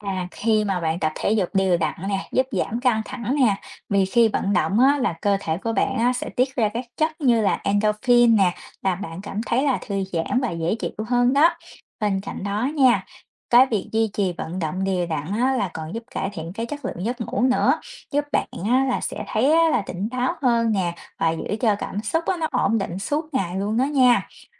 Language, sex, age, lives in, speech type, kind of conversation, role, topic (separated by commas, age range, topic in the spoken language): Vietnamese, female, 30-34, United States, read, expert-assistant, expert, Tại sao nên duy trì thói quen tập thể dục đều đặn?